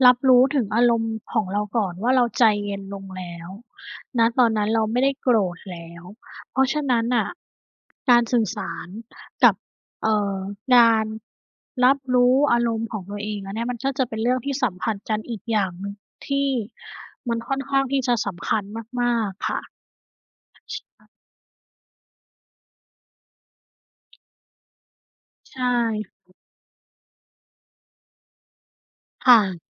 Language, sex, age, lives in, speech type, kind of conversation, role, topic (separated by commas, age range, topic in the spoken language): Thai, female, 30-34, Thailand, conversation, unstructured, none, คุณคิดว่าการสื่อสารในความสัมพันธ์สำคัญแค่ไหน?